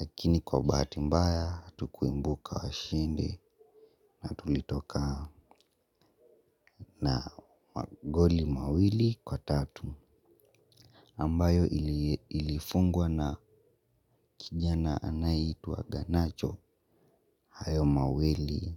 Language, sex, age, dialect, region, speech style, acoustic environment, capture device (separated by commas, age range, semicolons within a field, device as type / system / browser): Swahili, male, 18-24, Kenya - Nairobi Sheng-influenced, Kenya, happy, people talking; music; nature; traffic; construction, mobile / Linux / Chrome